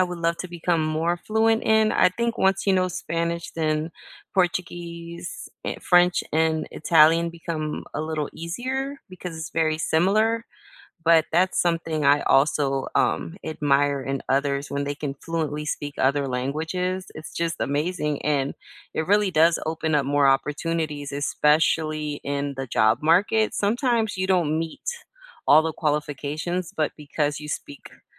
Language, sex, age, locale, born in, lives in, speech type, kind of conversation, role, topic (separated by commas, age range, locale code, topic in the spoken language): English, female, 40-44, en-US, United States, United States, conversation, unstructured, none, What skill do you admire in others, and how are you practicing it?